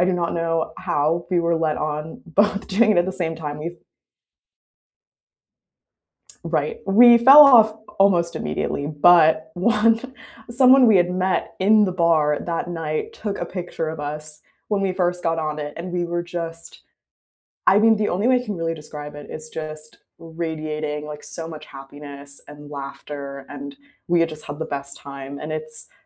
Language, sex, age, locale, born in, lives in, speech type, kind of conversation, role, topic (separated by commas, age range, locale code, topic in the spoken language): English, female, 20-24, en-US, United States, United States, conversation, unstructured, none, What’s the story behind a favorite photo on your phone, and why is it meaningful to you?